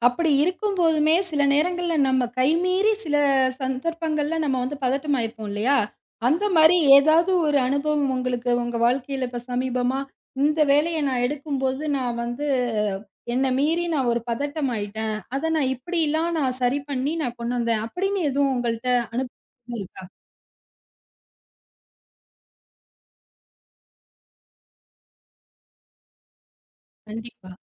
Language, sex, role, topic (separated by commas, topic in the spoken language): Tamil, female, host, அழுத்தம் அல்லது பதட்டம் ஏற்பட்டால் கவனத்தை மீண்டும் எப்படிச் சீராக்குகிறீர்கள்?